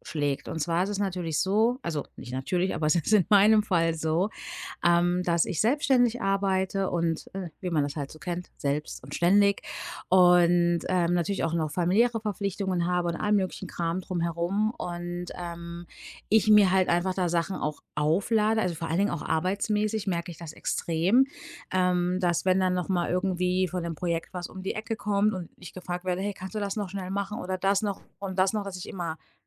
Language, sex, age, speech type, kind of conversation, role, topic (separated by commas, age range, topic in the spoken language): German, female, 35-39, conversation, advice, user, Wie kann ich aufhören, ständig „Ja“ zu sagen, wenn ich zu viele Verpflichtungen habe und keine Erholung finde?